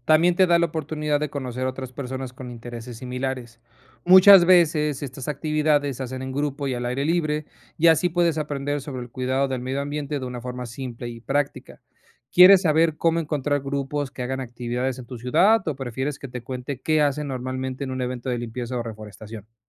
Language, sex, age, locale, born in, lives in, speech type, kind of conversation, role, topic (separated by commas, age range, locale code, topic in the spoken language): Spanish, male, 30-34, es-MX, Mexico, Mexico, read, expert-assistant, expert, ¿Qué beneficios trae el voluntariado a la comunidad?